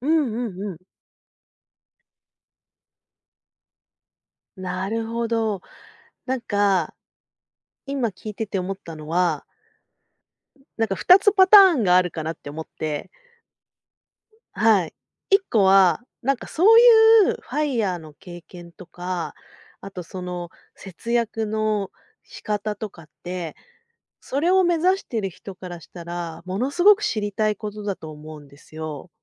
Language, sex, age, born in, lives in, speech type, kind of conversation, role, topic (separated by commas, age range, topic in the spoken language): Japanese, female, 40-44, Japan, Japan, conversation, advice, advisor, 目標と自分の価値観がずれているのではないかと不安を感じるのはなぜですか？